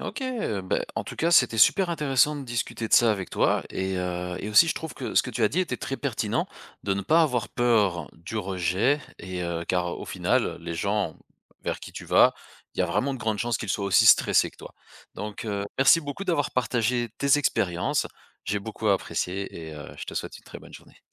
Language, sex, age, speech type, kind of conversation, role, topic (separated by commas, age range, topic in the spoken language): French, male, 35-39, conversation, podcast, host, Comment t’y prends-tu pour te faire des amis quand tu arrives quelque part ?